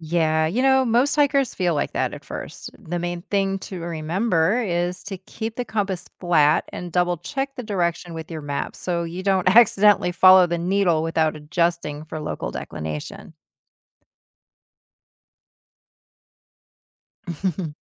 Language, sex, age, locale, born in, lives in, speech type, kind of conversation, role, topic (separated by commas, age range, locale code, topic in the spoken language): English, female, 50-54, en-US, United States, United States, read, expert-assistant, expert, How does a compass work?